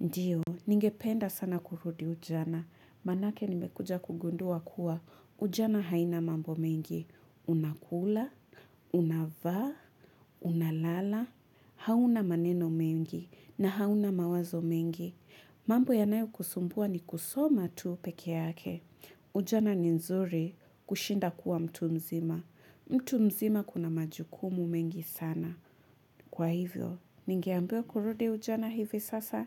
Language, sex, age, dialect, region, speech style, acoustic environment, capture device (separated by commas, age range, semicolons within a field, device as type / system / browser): Swahili, female, 25-34, Kenya - Mombasa, Kenya, happy, nature, mobile / Linux / Chrome